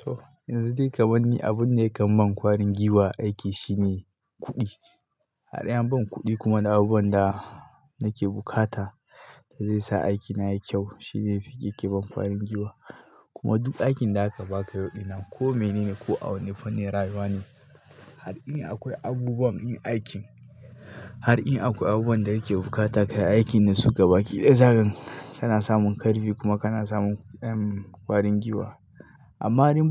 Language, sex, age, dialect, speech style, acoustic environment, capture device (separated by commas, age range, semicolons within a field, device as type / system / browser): Hausa, male, 25-34, Nigeria - Katsina, happy, people talking, mobile / Linux / Chrome